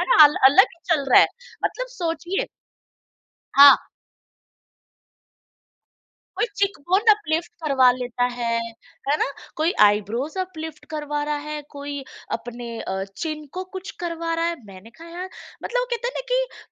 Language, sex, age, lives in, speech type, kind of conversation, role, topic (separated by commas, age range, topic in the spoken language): Hindi, female, 35-39, India, conversation, podcast, guest, Kaun sa style icon tumhe sabse zyada inspire karta hai?